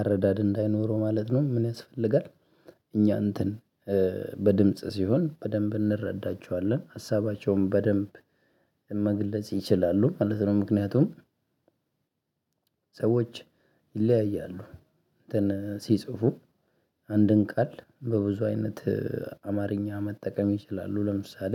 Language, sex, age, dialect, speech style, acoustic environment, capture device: Amharic, male, 25-34, Ethiopia - Addis Ababa, happy; anxious, music; nature, mobile / Linux / Chrome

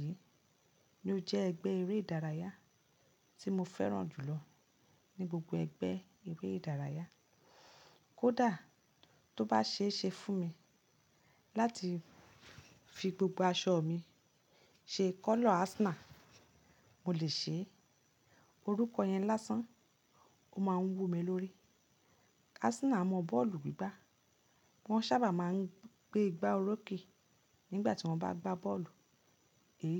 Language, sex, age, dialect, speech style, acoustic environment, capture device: Yoruba, female, 35-44, Nigeria - Lagos Yoruba, relaxed, silence, mobile / Linux / Chrome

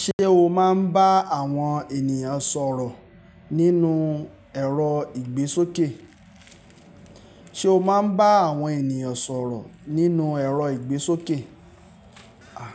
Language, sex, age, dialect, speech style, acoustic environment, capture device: Yoruba, male, 25-34, Nigeria - Lagos Yoruba, happy, silence, mobile / Linux / Chrome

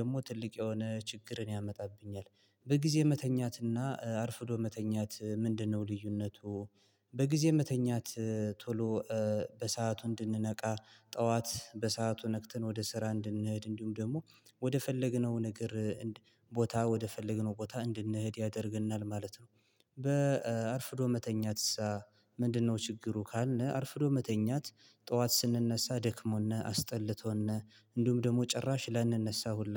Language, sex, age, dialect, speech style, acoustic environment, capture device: Amharic, male, 25-34, Ethiopia - Gondar, happy, silence, mobile / Linux / Chrome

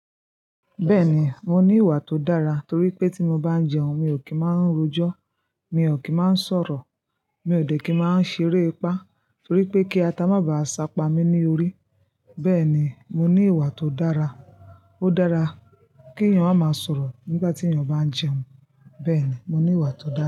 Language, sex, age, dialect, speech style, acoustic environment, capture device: Yoruba, female, 25-34, Nigeria - Ibadan, happy, silence, mobile / Linux / Chrome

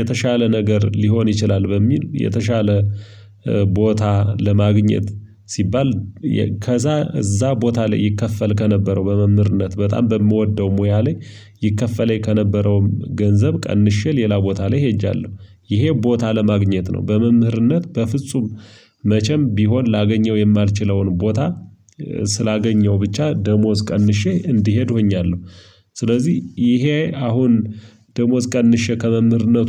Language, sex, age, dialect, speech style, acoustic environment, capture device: Amharic, male, 25-34, Ethiopia - Gojjam, tired, silence, mobile / Linux / Chrome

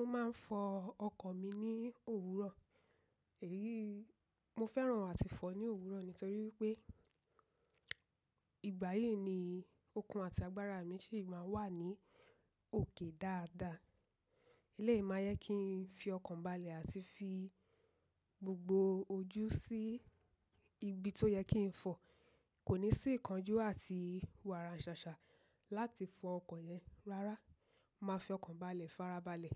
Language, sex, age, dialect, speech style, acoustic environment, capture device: Yoruba, female, 25-34, Nigeria - Ibadan, focused; relaxed, nature, mobile / macOS / Safari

